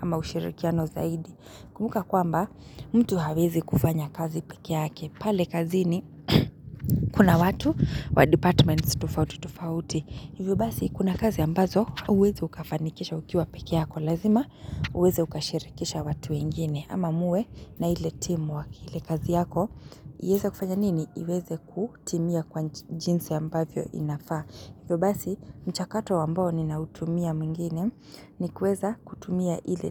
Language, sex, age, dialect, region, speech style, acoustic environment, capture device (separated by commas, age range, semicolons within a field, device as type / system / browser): Swahili, female, 25-34, Kenya - Nairobi Sheng-influenced, Kenya, happy; excited; focused, silence, mobile / Linux / Chrome